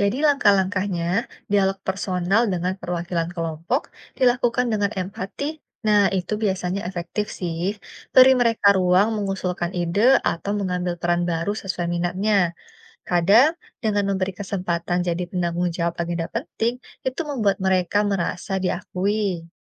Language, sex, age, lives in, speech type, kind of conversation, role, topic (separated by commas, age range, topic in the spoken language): Indonesian, female, 30-34, Indonesia, read, expert-assistant, expert, Bagaimana peran komunitas lokal bisa dikembangkan agar lebih siap menghadapi keadaan darurat?